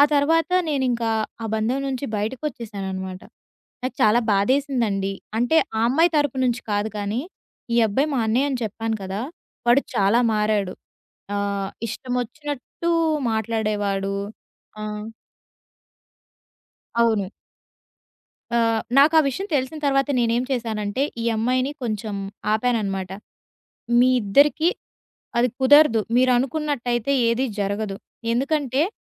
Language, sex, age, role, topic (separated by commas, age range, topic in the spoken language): Telugu, female, 20-24, guest, మీరు నిశ్శబ్దంగా గ్రహించిన జీవన పాఠం ఏది?